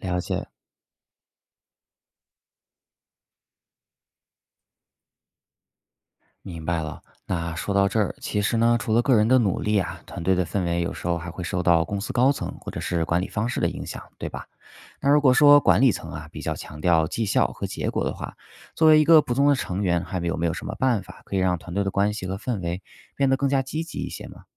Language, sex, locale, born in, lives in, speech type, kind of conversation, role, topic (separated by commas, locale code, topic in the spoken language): Chinese, male, zh-CN, China, United States, read, expert-assistant, asker, 团队合作中，信任和沟通哪个更重要？